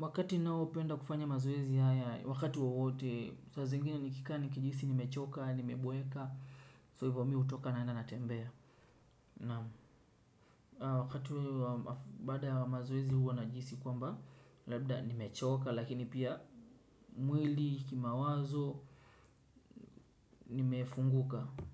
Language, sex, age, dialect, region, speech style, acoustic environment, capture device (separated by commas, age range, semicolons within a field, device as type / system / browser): Swahili, male, 25-34, Kenya - Mombasa, Kenya, relaxed, nature, desktop / Windows / Chrome